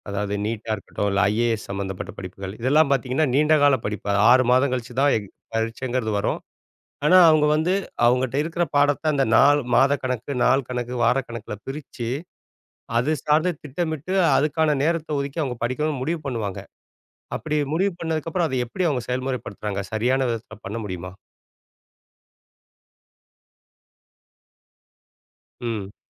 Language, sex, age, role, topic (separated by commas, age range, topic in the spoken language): Tamil, male, 40-44, host, நேரத்தைச் சிறப்பாகக் கையாள நீங்கள் என்ன வழிமுறைகளைப் பின்பற்றுகிறீர்கள்?